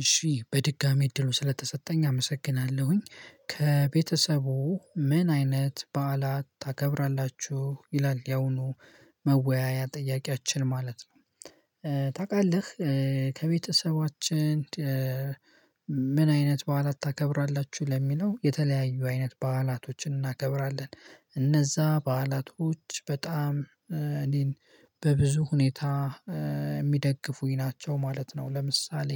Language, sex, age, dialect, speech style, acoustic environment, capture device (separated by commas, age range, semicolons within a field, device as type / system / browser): Amharic, male, 18-24, Ethiopia - Gojjam, happy, silence, mobile / Linux / Chrome